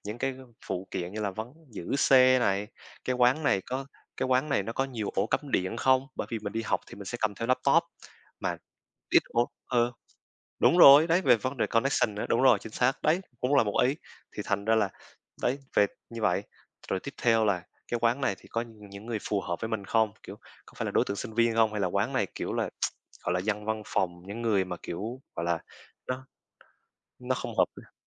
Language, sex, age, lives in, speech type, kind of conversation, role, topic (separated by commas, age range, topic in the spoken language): Vietnamese, male, 20-24, Vietnam, conversation, unstructured, none, Bạn thường dựa vào những tiêu chí nào để chọn một quán cà phê để ghé thăm?